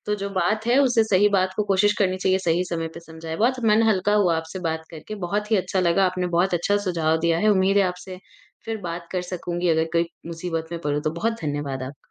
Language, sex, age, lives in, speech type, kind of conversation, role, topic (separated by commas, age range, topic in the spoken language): Hindi, female, 20-24, India, conversation, advice, user, अपने सहकर्मी को ईमानदार फीडबैक देना आपको मुश्किल क्यों लगता है?